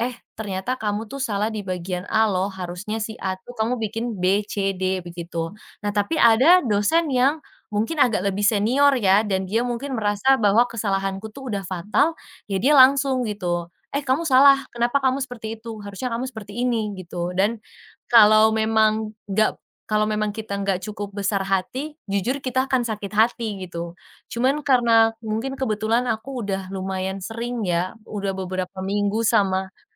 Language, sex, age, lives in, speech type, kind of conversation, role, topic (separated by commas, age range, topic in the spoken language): Indonesian, female, 20-24, Indonesia, conversation, podcast, guest, Bagaimana kamu menerima kritik dari orang lain?